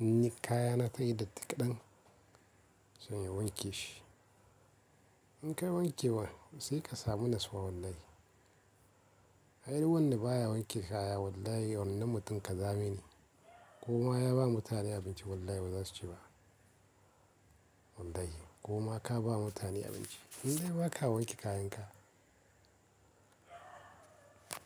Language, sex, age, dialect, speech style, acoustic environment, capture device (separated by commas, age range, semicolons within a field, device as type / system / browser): Hausa, male, 25-34, Nigeria - Kano, happy, silence, mobile / Linux / Chrome